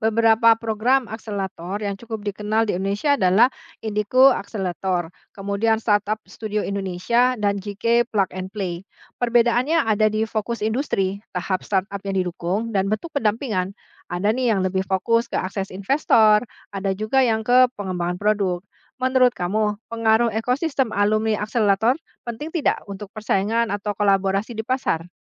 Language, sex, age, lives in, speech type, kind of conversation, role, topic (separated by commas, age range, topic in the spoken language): Indonesian, female, 45-49, Indonesia, read, expert-assistant, expert, Bagaimana cara kerja ekosistem startup di Indonesia dan faktor apa saja yang paling berperan dalam keberhasilannya?